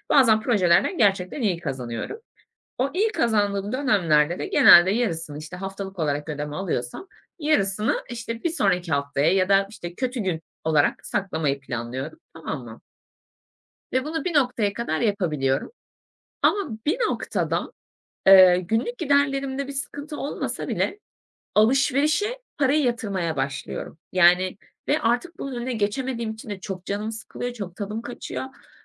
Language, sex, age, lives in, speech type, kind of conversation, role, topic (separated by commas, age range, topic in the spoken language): Turkish, female, 25-29, Germany, conversation, advice, user, Günlük giderlerimi hangi yollarla kısarak tasarruf alışkanlığı edinebilir ve borçlarımı daha hızlı ödeyebilirim?